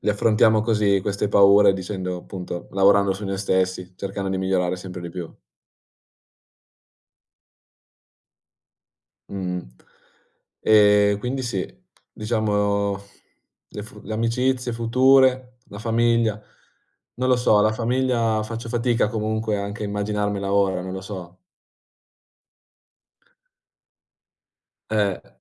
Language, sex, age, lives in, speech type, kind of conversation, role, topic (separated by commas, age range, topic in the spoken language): Italian, male, 20-24, Italy, conversation, unstructured, none, Come immagini la tua vita tra cinque anni?